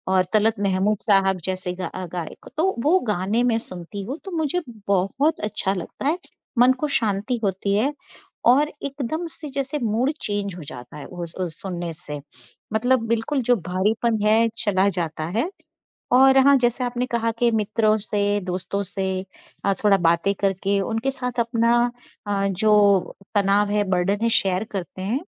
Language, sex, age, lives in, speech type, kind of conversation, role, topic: Hindi, female, 50-54, United States, conversation, unstructured, none, खुश रहने के लिए आप कौन-कौन सी आदतें अपनाते हैं?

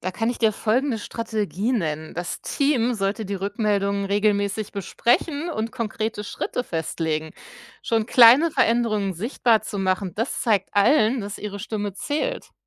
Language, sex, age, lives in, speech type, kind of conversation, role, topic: German, female, 45-49, Germany, read, expert-assistant, expert, Warum sprechen manche Menschen offen über psychische Probleme, während andere lieber schweigen?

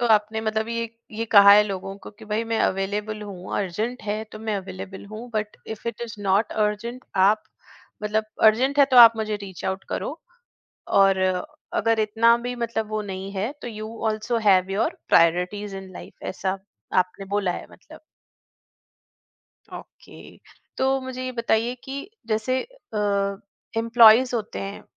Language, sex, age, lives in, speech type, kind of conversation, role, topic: Hindi, female, 45-49, India, conversation, podcast, host, Kaam ke liye hamesha available rehna kya theek hai?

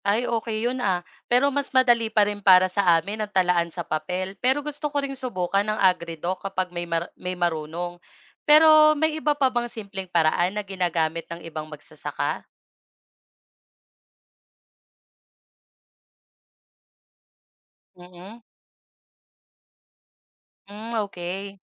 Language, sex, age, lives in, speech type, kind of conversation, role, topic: Filipino, female, 25-29, Philippines, read, expert-assistant, asker, Paano ko dapat paghandaan ang paglipat mula tradisyonal papunta sa high-tech na paraan ng pagsasaka?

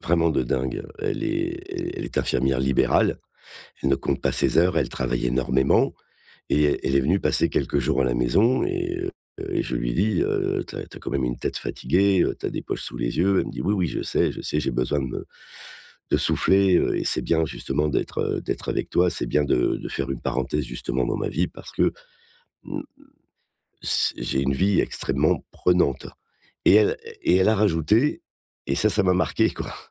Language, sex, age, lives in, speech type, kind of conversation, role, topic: French, male, 55-59, France, conversation, advice, user, Comment puis-je faire en sorte que mon travail contribue à quelque chose de plus grand ?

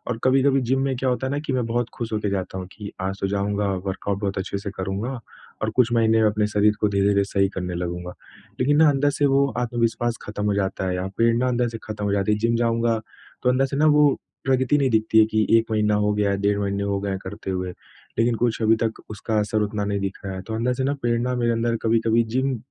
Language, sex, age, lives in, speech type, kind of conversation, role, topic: Hindi, male, 25-29, India, conversation, advice, user, प्रेरणा बनाए रखना और प्रगति आँकना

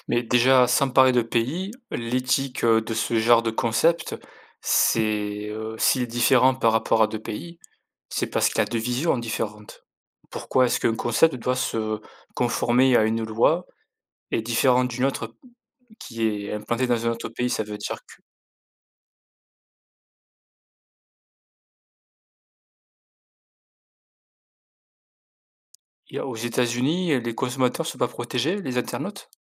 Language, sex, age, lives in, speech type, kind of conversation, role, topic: French, male, 35-39, France, conversation, unstructured, none, Comment garantir une utilisation éthique et transparente de l’IA ?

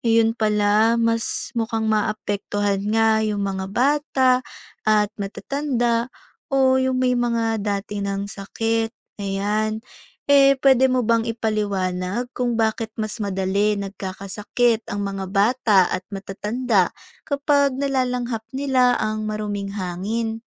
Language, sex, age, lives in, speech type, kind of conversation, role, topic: Filipino, female, 20-24, Philippines, read, expert-assistant, asker, Paano nakakaapekto ang kakulangan ng malinis na hangin sa kalusugan?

